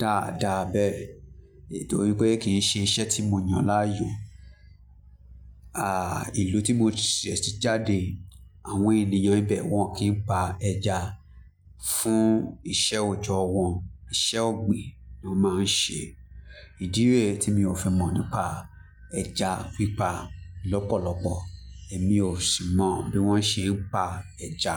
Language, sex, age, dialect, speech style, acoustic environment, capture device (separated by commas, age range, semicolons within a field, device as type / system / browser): Yoruba, male, 25-34, Nigeria - Lagos Yoruba, relaxed; focused, music; people talking, mobile / Linux / Chrome